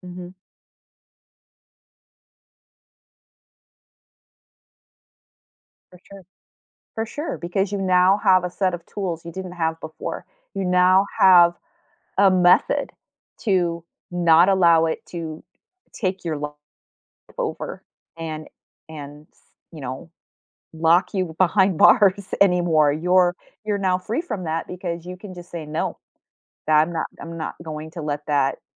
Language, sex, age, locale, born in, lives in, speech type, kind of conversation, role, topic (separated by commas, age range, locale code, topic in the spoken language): English, female, 50-54, en-US, United States, United States, conversation, unstructured, none, How has overcoming a fear changed your perspective or confidence?